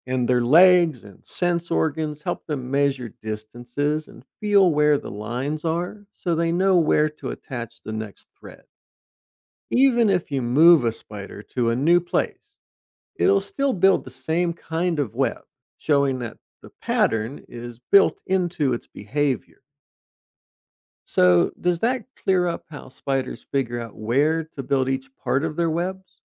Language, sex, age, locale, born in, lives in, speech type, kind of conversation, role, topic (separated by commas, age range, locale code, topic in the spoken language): English, male, 50-54, en-US, United States, United States, read, expert-assistant, expert, How do spiders build and use their webs?